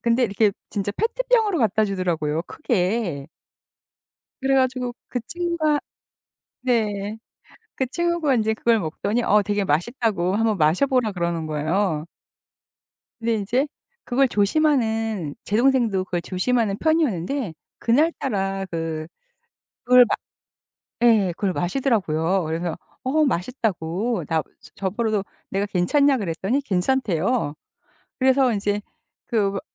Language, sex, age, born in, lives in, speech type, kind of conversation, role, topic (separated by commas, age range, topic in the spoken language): Korean, female, 55-59, South Korea, United States, conversation, podcast, guest, 음식 때문에 배탈이 나서 고생한 적이 있으신가요?